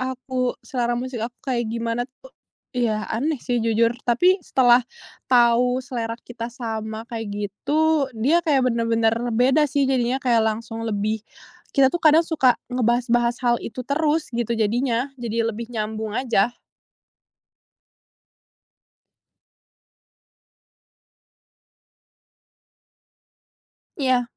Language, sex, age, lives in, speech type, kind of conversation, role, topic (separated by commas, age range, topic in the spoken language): Indonesian, female, 20-24, Indonesia, conversation, podcast, guest, Bisakah kamu menceritakan momen saat membuat daftar putar bersama yang membuat suasana jadi berkesan?